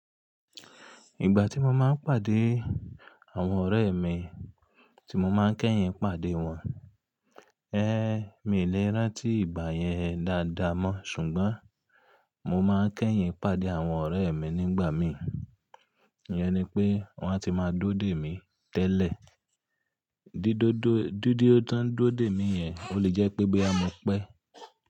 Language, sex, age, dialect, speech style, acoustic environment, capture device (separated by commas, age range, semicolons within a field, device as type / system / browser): Yoruba, male, 35-44, Nigeria - Ibadan, relaxed, nature, mobile / Linux / Chrome